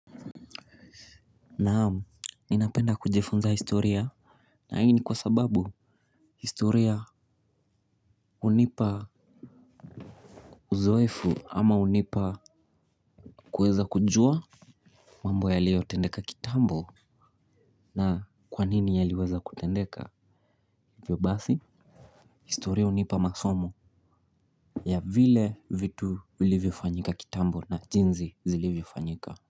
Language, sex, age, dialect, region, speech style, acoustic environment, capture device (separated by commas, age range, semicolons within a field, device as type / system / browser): Swahili, male, 18-24, Kenya - Mombasa, Kenya, focused, silence, mobile / Linux / Chrome